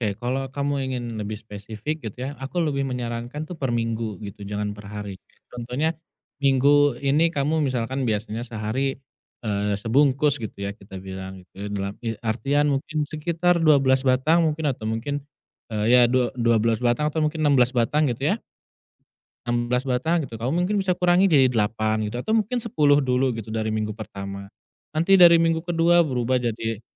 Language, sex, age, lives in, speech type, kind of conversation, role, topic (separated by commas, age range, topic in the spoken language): Indonesian, male, 30-34, Indonesia, conversation, advice, advisor, Mengapa saya selalu kembali ke kebiasaan lama meskipun ingin mengubahnya?